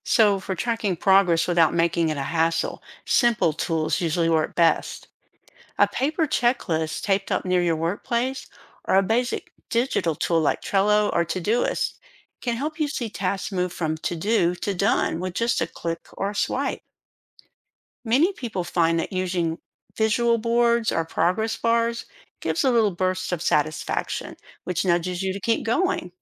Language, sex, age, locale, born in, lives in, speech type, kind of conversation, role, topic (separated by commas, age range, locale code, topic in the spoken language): English, female, 60-64, en-US, United States, United States, read, expert-assistant, expert, How can behavioral economics reduce workweek procrastination?